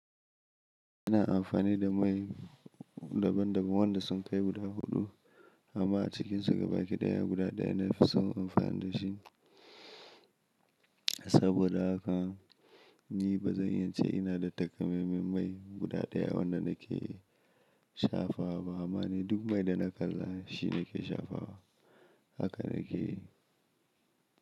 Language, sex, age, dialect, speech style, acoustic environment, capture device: Hausa, male, 25-34, Nigeria - Kano, happy; anxious, silence, mobile / Linux / Chrome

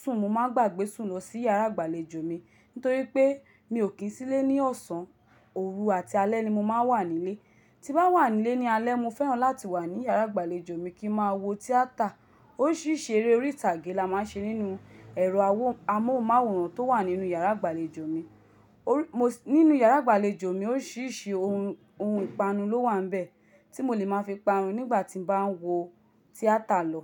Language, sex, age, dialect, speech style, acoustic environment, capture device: Yoruba, female, 25-34, Nigeria - Lagos, happy, nature, mobile / Linux / Chrome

